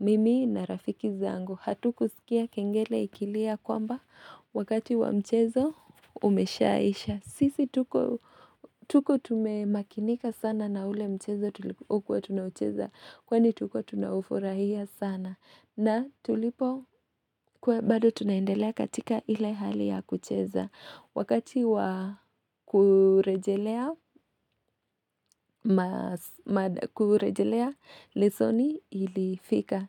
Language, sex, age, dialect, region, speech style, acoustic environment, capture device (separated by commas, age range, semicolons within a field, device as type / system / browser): Swahili, female, 25-34, Kenya - Nairobi Sheng-influenced, Kenya, relaxed, nature, mobile / Linux / Chrome